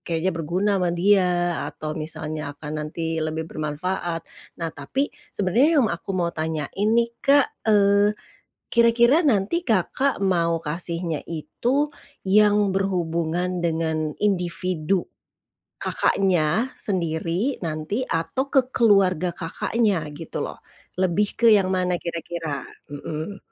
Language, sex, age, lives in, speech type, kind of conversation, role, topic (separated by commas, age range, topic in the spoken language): Indonesian, female, 45-49, Indonesia, conversation, advice, advisor, Bagaimana cara menemukan hadiah yang tepat untuk orang yang berbeda-beda?